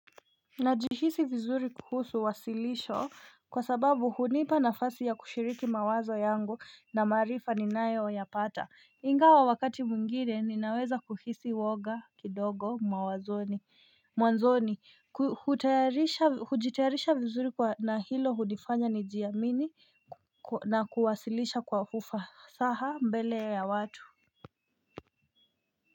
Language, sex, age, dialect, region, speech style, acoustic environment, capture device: Swahili, female, 25-34, Kenya - Nairobi Sheng-influenced, Kenya, focused, nature, mobile / Linux / Chrome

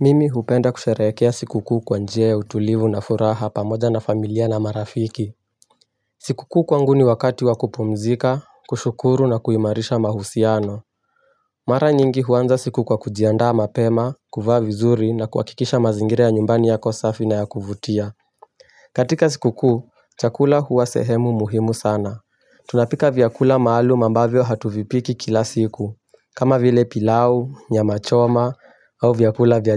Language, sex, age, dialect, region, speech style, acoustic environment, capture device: Swahili, male, 18-24, Kenya - Mombasa, Kenya, focused; relaxed, nature; silence, mobile / Linux / Chrome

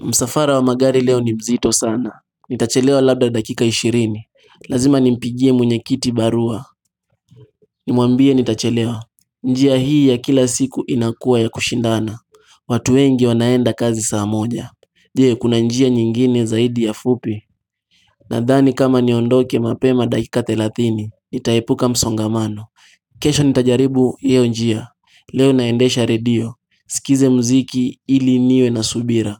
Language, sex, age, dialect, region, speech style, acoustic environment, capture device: Swahili, male, 18-24, Kenya - Mombasa, Kenya, happy; anxious; stressed, people talking; music; nature, mobile / Linux / Chrome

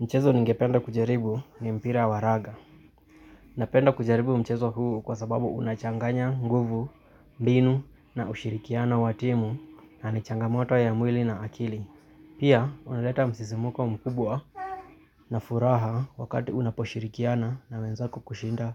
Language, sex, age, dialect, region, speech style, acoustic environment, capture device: Swahili, male, 25-34, Kenya - Nairobi Sheng-influenced, Kenya, tired, appliances, mobile / Linux / Chrome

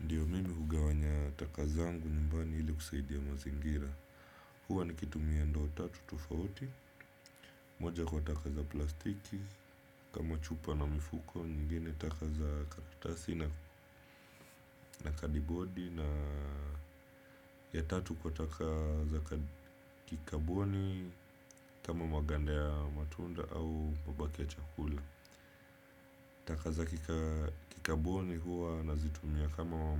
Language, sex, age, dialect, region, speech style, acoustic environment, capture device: Swahili, male, 25-34, Kenya - Mombasa, Kenya, relaxed; focused; anxious, silence; appliances, desktop / Windows / Chrome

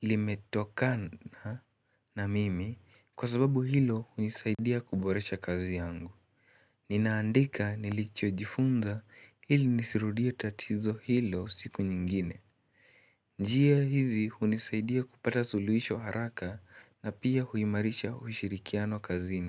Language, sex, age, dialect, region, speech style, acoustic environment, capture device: Swahili, male, 18-24, Kenya - Nairobi Sheng-influenced, Kenya, excited, nature; people talking, mobile / Linux / Chrome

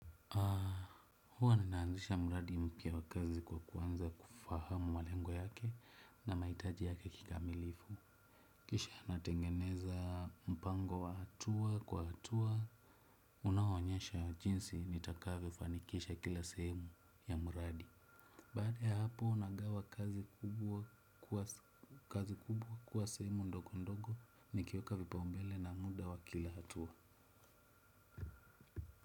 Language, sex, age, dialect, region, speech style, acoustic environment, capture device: Swahili, male, 25-34, Kenya - Nairobi Sheng-influenced, Kenya, relaxed; happy, silence, desktop / Windows / Chrome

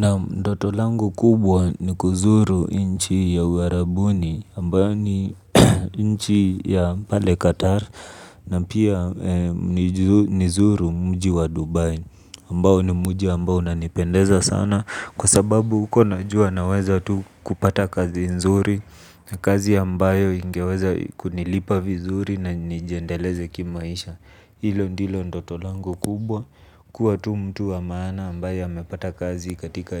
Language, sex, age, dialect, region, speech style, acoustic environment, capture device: Swahili, male, 25-34, Kenya - Nairobi Sheng-influenced, Kenya, relaxed, silence, mobile / Linux / Chrome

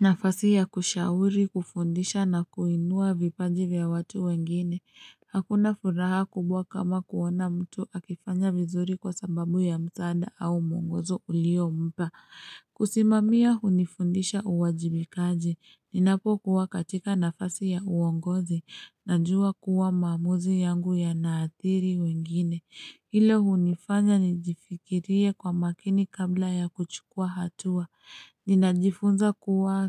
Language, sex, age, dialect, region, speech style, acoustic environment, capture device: Swahili, female, 25-34, Kenya - Nairobi Sheng-influenced, Kenya, relaxed; happy; excited; focused, nature; traffic; silence, mobile / Linux / Chrome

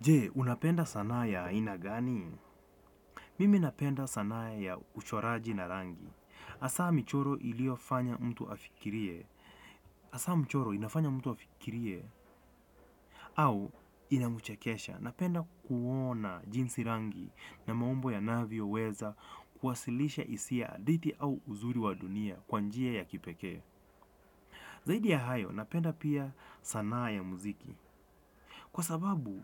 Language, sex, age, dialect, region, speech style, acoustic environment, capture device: Swahili, male, 25-34, Kenya - Nairobi Sheng-influenced, Kenya, relaxed; focused, nature; appliances; traffic; people talking; construction, desktop / Windows / Chrome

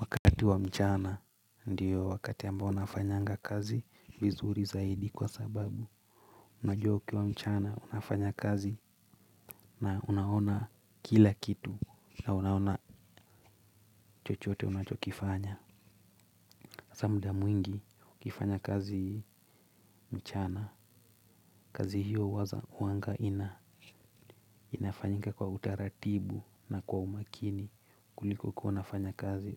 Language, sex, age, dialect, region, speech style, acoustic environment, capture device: Swahili, male, 25-34, Kenya - Nairobi Sheng-influenced, Kenya, focused; relaxed, silence, mobile / Linux / Chrome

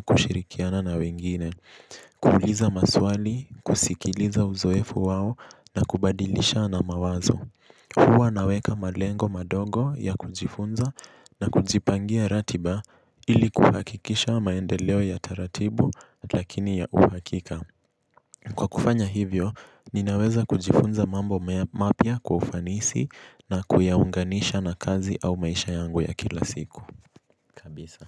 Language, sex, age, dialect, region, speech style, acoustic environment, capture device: Swahili, male, 18-24, Kenya - Mombasa, Kenya, relaxed; focused, silence; appliances, mobile / Linux / Chrome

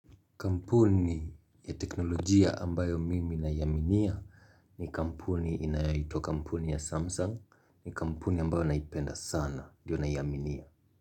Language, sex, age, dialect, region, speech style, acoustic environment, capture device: Swahili, male, 25-34, Kenya - Mombasa, Kenya, focused, silence, mobile / Linux / Chrome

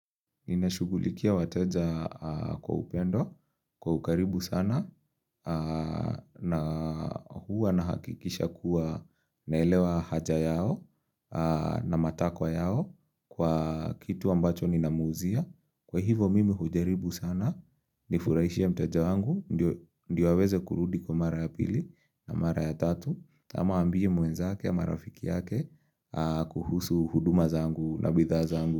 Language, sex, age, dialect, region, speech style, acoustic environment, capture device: Swahili, male, 25-34, Kenya - Nairobi Sheng-influenced, Kenya, relaxed; focused; excited, nature; silence; appliances, mobile / Linux / Chrome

